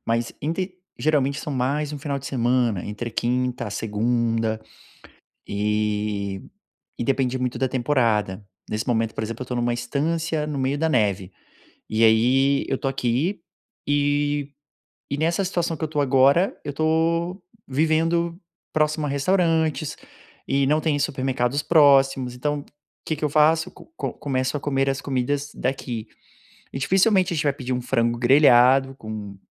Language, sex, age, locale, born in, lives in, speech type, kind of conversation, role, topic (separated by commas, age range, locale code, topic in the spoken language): Portuguese, male, 30-34, pt-BR, Brazil, Portugal, conversation, advice, user, Como você costuma perder hábitos saudáveis durante viagens ou nos fins de semana?